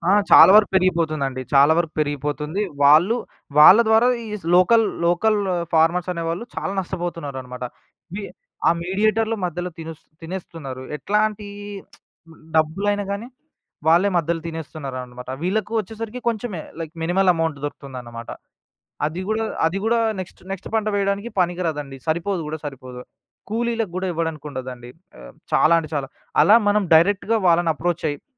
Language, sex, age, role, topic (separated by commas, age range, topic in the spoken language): Telugu, male, 20-24, guest, స్థానిక రైతుల నుంచి నేరుగా కొనుగోలు చేయడం ప్రారంభించాలంటే ఏమేమి చేయాలి?